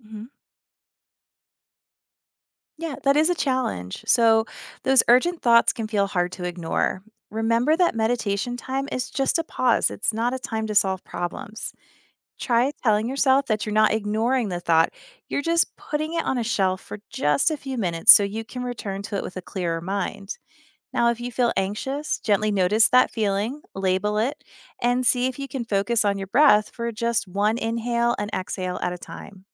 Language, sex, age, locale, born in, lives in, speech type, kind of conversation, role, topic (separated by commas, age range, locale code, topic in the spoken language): English, female, 35-39, en-US, United States, United States, read, expert-assistant, expert, What does practicing meditation teach you about self-control?